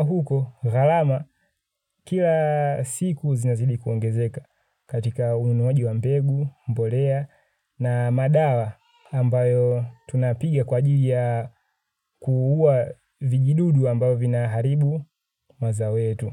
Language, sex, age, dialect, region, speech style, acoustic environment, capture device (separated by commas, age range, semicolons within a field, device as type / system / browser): Swahili, male, 25-34, Tanzania - Dar es Salaam, Tanzania, happy; relaxed, nature; people talking; construction; appliances; silence; traffic, mobile / Linux / Chrome